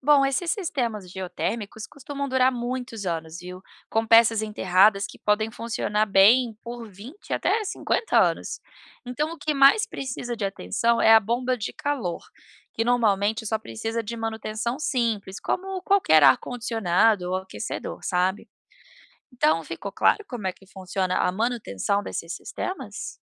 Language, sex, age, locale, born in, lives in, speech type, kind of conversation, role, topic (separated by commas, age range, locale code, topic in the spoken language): Portuguese, female, 30-34, pt-BR, Brazil, United States, read, expert-assistant, expert, O que é energia geotérmica e como ela é utilizada